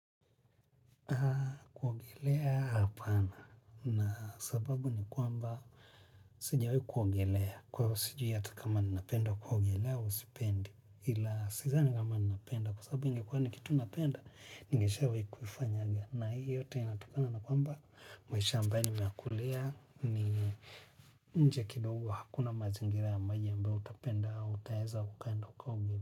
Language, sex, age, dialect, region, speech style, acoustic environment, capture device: Swahili, male, 25-34, Tanzania - Dar es Salaam, Tanzania, relaxed, people talking; music; nature, mobile / Linux / Chrome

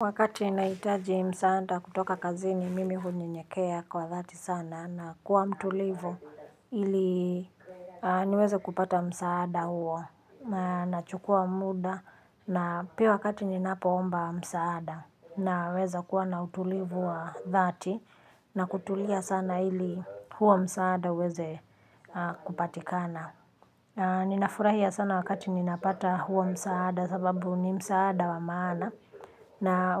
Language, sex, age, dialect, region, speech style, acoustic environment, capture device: Swahili, female, 35-44, Kenya - Nairobi Sheng-influenced, Kenya, happy, construction, mobile / Linux / Chrome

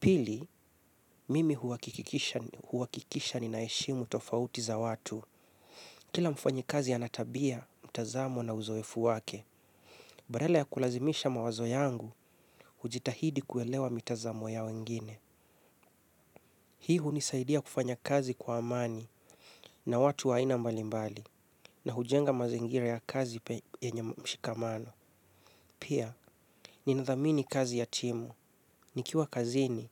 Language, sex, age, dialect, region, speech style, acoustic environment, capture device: Swahili, male, 25-34, Kenya - Mombasa, Kenya, relaxed; focused, silence, mobile / Linux / Chrome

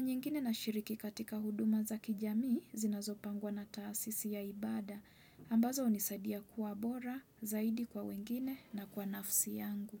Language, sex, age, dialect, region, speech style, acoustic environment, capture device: Swahili, female, 25-34, Kenya - Mombasa, Kenya, focused, nature; silence, desktop / Windows / Chrome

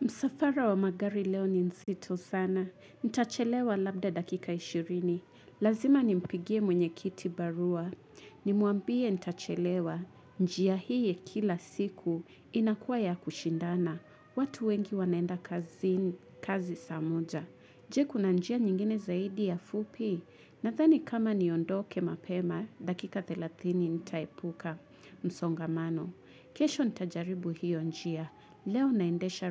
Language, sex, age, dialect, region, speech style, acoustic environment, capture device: Swahili, female, 25-34, Kenya - Mombasa, Kenya, relaxed, music, mobile / Linux / Chrome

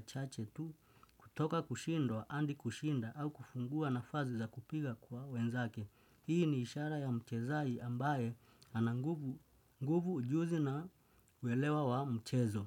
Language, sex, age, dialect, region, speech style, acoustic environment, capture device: Swahili, male, 25-34, Kenya - Nairobi Sheng-influenced, Kenya, happy; relaxed; focused, nature, desktop / Windows / Chrome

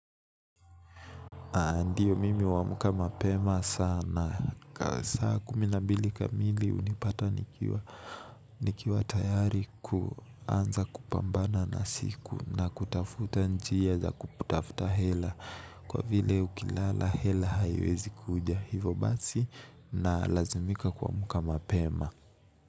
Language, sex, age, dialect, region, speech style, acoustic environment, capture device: Swahili, male, 18-24, Kenya - Nairobi Sheng-influenced, Kenya, excited, nature, mobile / Linux / Chrome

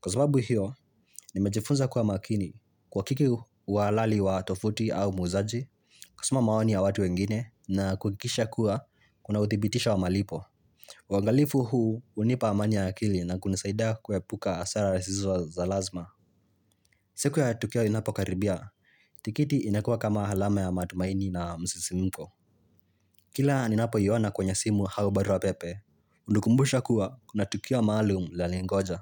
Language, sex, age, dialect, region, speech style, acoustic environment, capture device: Swahili, male, 18-24, Kenya - Nairobi Sheng-influenced, Kenya, relaxed, nature, mobile / Linux / Chrome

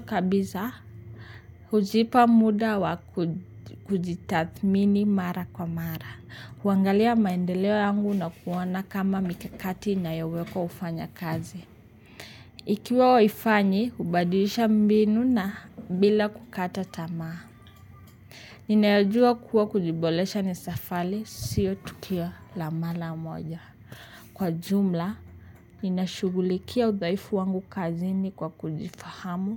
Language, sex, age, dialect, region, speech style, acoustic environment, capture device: Swahili, male, 25-34, Kenya - Nairobi Sheng-influenced, Kenya, focused, nature, mobile / Linux / Chrome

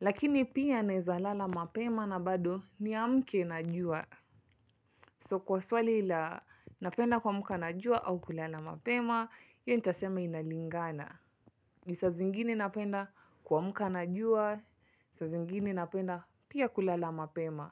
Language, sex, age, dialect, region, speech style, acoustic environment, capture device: Swahili, female, 25-34, Kenya - Mombasa, Kenya, relaxed; happy, silence, mobile / Linux / Chrome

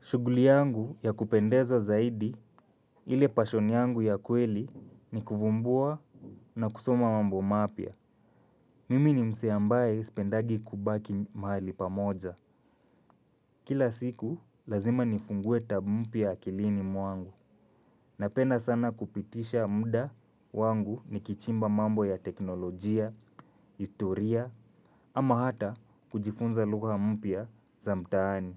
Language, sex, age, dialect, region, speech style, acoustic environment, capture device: Swahili, male, 25-34, Kenya - Nairobi Sheng-influenced, Kenya, relaxed, nature; construction, mobile / Linux / Chrome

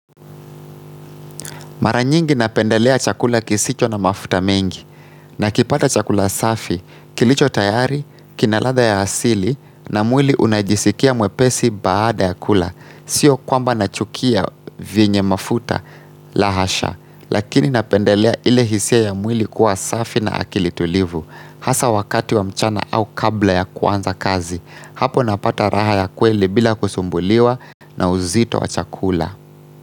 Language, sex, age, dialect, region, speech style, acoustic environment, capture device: Swahili, male, 25-34, Kenya - Nairobi Sheng-influenced, Kenya, focused, silence, mobile / Linux / Chrome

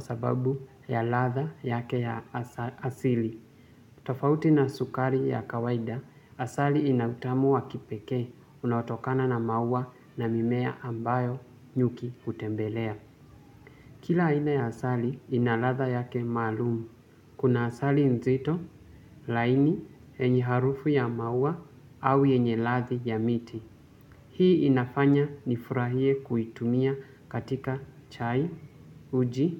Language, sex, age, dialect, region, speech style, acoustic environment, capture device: Swahili, male, 18-24, Kenya - Mombasa, Kenya, focused, silence, mobile / Linux / Chrome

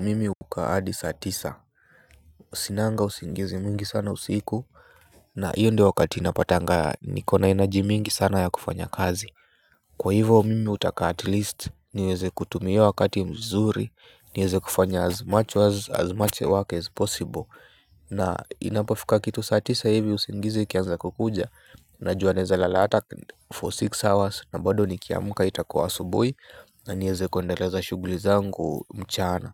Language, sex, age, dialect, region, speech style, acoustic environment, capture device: Swahili, male, 25-34, Kenya - Nairobi Sheng-influenced, Kenya, relaxed, silence, mobile / Linux / Chrome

